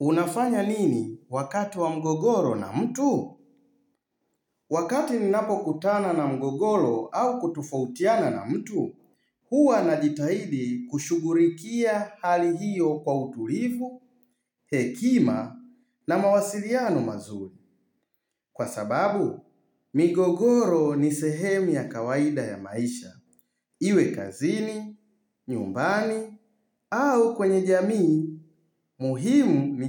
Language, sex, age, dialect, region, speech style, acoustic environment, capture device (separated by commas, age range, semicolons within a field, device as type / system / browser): Swahili, male, 35-44, Tanzania - Dar es Salaam, Tanzania, happy; focused; relaxed; excited, silence, mobile / Linux / Chrome